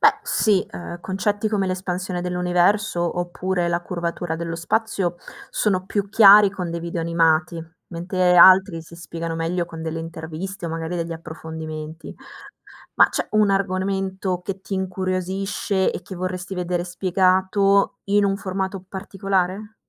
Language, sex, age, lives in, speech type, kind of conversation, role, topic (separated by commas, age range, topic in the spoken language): Italian, female, 25-29, Italy, read, expert-assistant, expert, Quando sento parlare di buchi neri o del Big Bang, come posso capire se quello che leggo è affidabile oppure no?